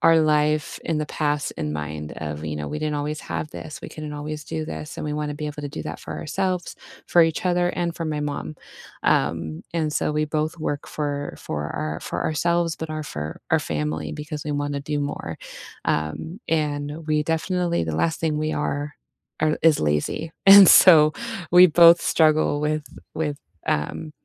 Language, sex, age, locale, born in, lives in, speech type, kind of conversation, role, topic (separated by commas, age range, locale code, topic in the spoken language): English, female, 30-34, en-US, United States, United States, conversation, unstructured, none, What small daily habit makes your day feel easier, and how did it become meaningful to you?